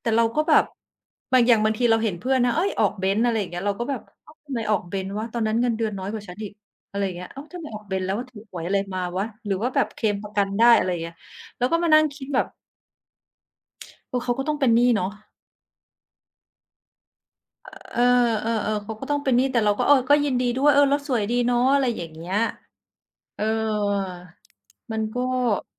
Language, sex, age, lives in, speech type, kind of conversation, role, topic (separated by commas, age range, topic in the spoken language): Thai, female, 45-49, Thailand, conversation, unstructured, none, ถ้าคุณพบว่าเพื่อนร่วมงานได้รับค่าตอบแทนมากกว่าคุณ คุณจะทำอย่างไร?